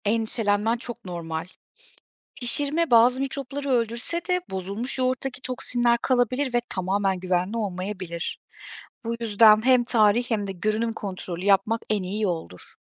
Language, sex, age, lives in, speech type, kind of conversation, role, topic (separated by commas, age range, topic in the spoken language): Turkish, female, 35-39, Germany, read, expert-assistant, expert, Ambalajlı gıdalarda sıkça gördüğümüz 'son tüketim tarihi' ve 'tavsiye edilen tüketim tarihi' terimleri arasındaki farklar nelerdir?